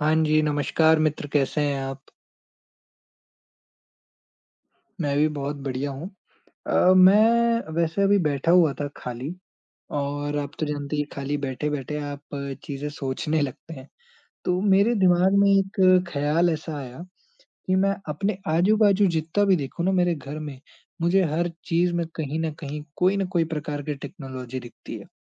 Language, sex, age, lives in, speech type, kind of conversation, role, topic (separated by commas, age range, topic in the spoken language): Hindi, male, 20-24, India, conversation, unstructured, none, तकनीक आपके दिन को बेहतर बनाने में कैसे मदद करती है?